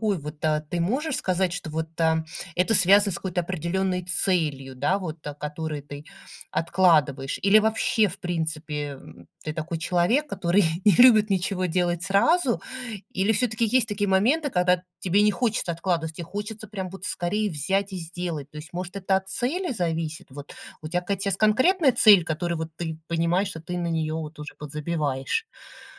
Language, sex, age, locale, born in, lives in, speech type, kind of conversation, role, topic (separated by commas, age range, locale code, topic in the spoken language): Russian, female, 40-44, ru-RU, Russia, United States, conversation, advice, advisor, Как постоянная прокрастинация мешает вам продвигаться к важной цели?